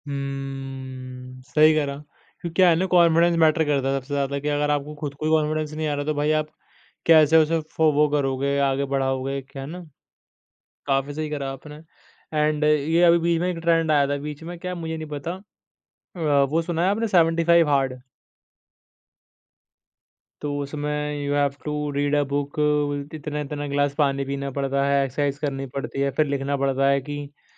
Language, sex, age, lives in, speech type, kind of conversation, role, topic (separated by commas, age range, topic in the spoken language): Hindi, male, 20-24, India, conversation, podcast, host, Trend follow karne ka stop point tum kaise decide karte ho?